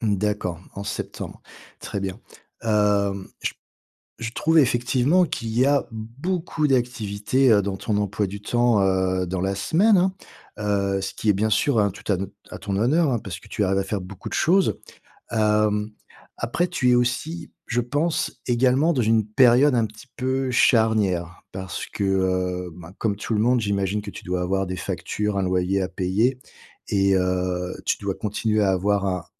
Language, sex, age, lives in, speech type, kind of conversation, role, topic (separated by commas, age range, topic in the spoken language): French, male, 50-54, France, conversation, advice, advisor, Comment puis-je mieux gérer ma fatigue et mon énergie au quotidien ?